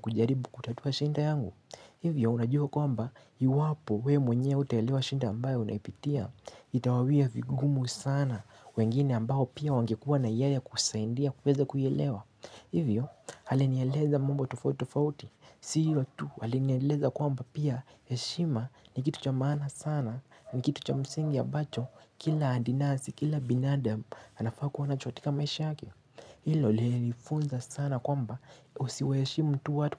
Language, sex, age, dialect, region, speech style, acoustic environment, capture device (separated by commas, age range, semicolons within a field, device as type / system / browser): Swahili, male, 18-24, Kenya - Nairobi Sheng-influenced, Kenya, relaxed; tired; anxious; focused, silence; nature; appliances, mobile / Linux / Chrome